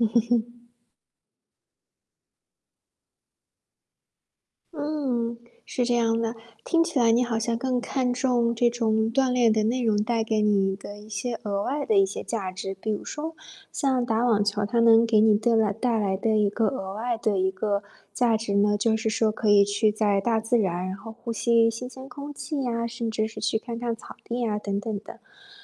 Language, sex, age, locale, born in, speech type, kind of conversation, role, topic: Chinese, female, 25-29, zh-CN, China, conversation, advice, advisor, 工作很忙时，如何在忙碌的生活中保持定期锻炼？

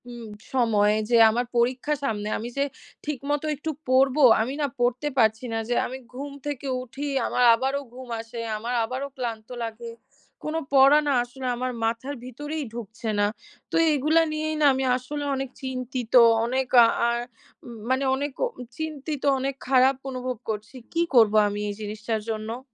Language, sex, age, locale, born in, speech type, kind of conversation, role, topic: Bengali, female, 20-24, bn-BD, Bangladesh, conversation, advice, user, বারবার ক্লান্তি ও বার্নআউট অনুভব করছি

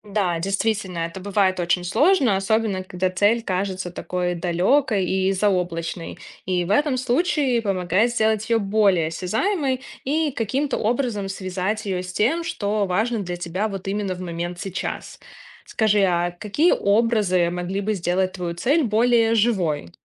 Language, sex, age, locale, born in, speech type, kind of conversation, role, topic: Russian, female, 30-34, ru-RU, Belarus, read, expert-assistant, expert, Что помогает сделать более обдуманный выбор между сиюминутным удовольствием и долгосрочной выгодой?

